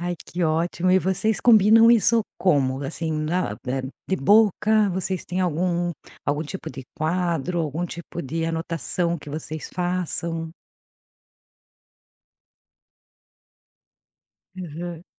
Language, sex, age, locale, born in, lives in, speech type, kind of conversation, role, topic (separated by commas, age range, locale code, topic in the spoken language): Portuguese, female, 45-49, pt-BR, Brazil, Portugal, conversation, podcast, host, Como você divide as tarefas com quem mora com você?